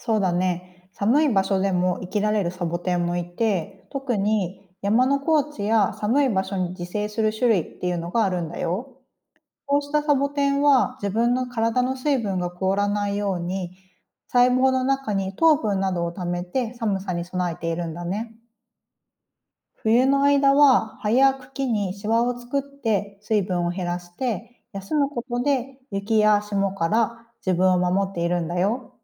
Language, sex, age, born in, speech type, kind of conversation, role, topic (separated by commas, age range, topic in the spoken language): Japanese, female, 35-39, Japan, read, expert-assistant, expert, どうしてサボテンは乾燥した場所でも生きられるのでしょうか？